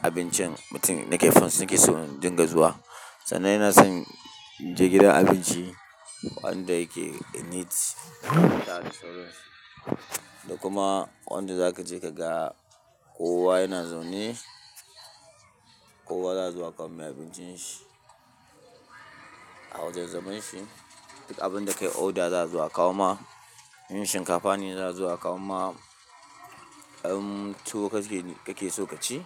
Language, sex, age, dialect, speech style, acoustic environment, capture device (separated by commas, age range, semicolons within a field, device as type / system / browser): Hausa, male, 25-34, Nigeria - Kano, focused, people talking, mobile / Linux / Chrome